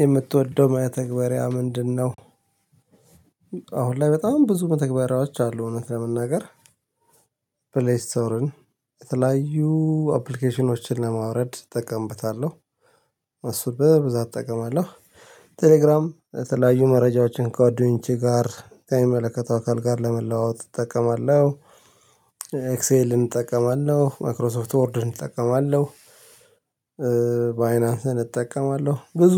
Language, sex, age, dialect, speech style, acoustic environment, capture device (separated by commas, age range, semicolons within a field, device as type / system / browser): Amharic, male, 35-44, Ethiopia - Addis Ababa, relaxed; excited, nature, mobile / Linux / Chrome